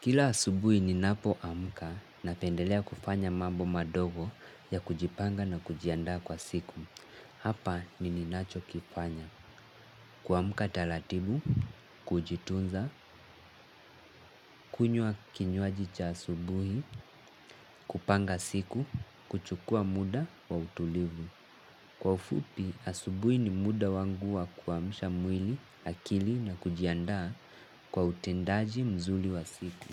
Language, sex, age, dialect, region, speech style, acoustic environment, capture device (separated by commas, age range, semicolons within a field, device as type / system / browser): Swahili, male, 18-24, Kenya - Mombasa, Kenya, relaxed, nature; appliances, mobile / Linux / Chrome